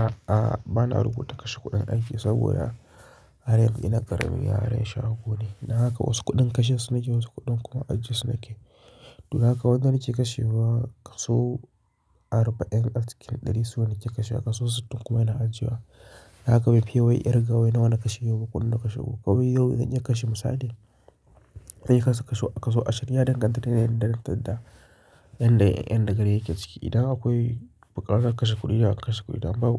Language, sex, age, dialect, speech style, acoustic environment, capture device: Hausa, male, 25-34, Nigeria - Kano, focused, nature, mobile / Linux / Chrome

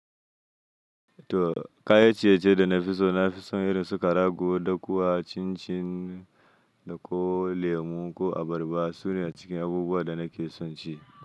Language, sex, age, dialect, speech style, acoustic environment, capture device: Hausa, male, 25-34, Nigeria - Nigerian Standard, happy, silence; nature, mobile / Linux / Chrome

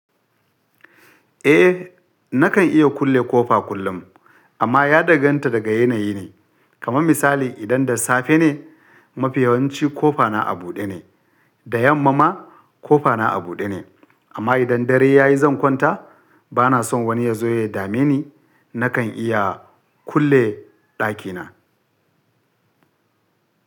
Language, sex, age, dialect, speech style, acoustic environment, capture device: Hausa, male, 35-44, Nigeria - Kano, relaxed, silence, mobile / Linux / Chrome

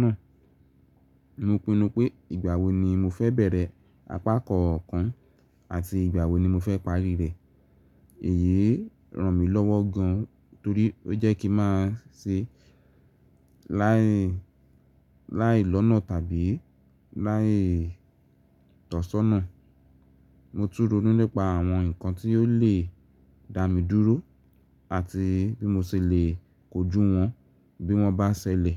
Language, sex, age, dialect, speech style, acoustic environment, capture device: Yoruba, male, 18-24, Nigeria - Ibadan, nervous; focused; stressed; anxious; tired, appliances, mobile / Linux / Chrome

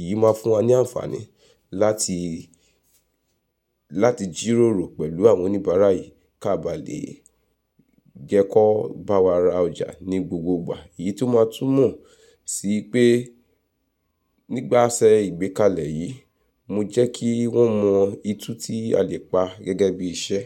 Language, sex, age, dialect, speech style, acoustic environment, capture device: Yoruba, female, 35-44, Nigeria - Nigerian Standard, focused, silence; nature, mobile / Linux / Chrome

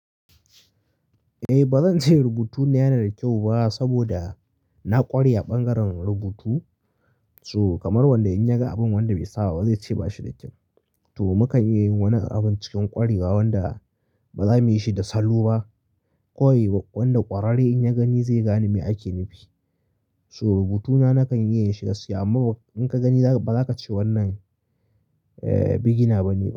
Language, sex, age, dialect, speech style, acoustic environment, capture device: Hausa, male, 25-34, Nigeria - Kano, happy, silence, mobile / Linux / Chrome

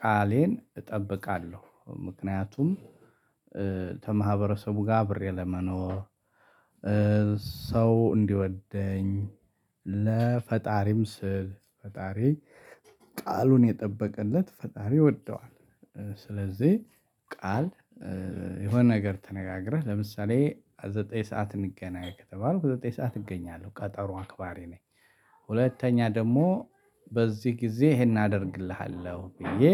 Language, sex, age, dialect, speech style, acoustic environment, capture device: Amharic, male, 35-44, Ethiopia - Addis Ababa, happy; excited, people talking, mobile / Linux / Chrome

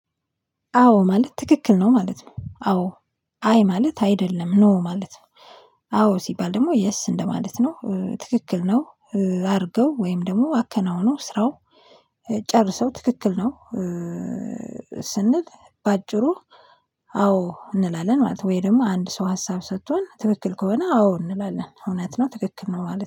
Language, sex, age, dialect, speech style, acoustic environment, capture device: Amharic, female, 25-34, Ethiopia - Addis Ababa, relaxed, silence, mobile / Linux / Chrome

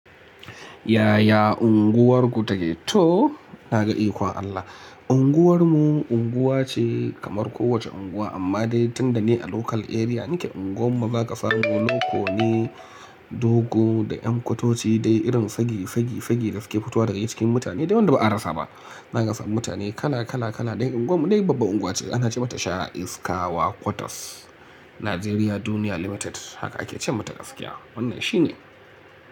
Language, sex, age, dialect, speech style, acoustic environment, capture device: Hausa, male, 25-34, Nigeria - Kano, relaxed, appliances; music, mobile / Linux / Chrome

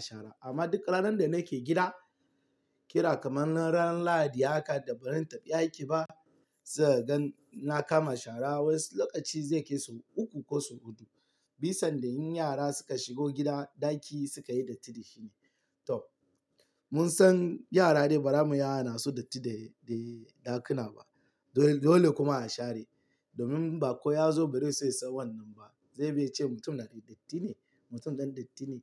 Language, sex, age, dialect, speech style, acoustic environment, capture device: Hausa, male, 18-24, Nigeria - Lagos Yoruba, tired; happy; stressed, nature, mobile / Linux / Chrome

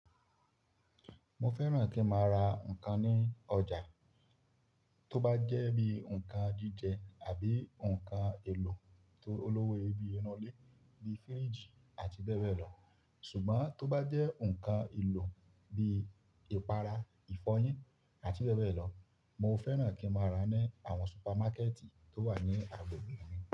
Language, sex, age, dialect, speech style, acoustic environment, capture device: Yoruba, male, 25-34, Nigeria - Ibadan, happy; focused; relaxed, silence, mobile / Linux / Chrome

